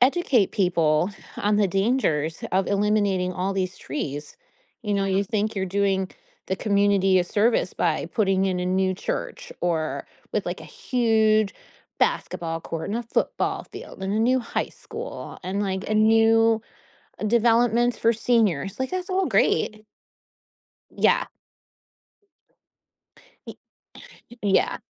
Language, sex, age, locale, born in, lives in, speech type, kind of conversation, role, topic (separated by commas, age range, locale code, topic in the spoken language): English, female, 40-44, en-US, United States, United States, conversation, podcast, guest, Why are healthy forests essential for the planet's well-being?